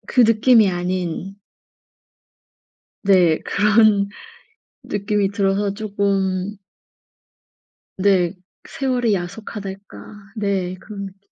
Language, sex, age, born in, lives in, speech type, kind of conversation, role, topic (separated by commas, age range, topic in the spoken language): Korean, female, 35-39, South Korea, Germany, conversation, advice, user, 친구와의 우정이 점점 멀어지는 것이 왜 이렇게 슬픈가요?